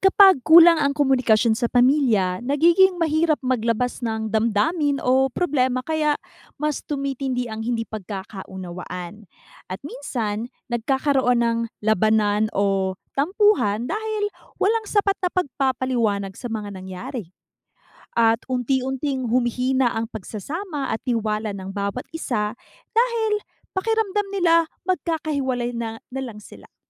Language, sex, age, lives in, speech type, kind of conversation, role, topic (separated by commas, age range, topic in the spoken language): Filipino, female, 30-34, United States, read, expert-assistant, expert, Ano ang epekto ng kakulangan sa komunikasyon sa relasyon ng pamilya?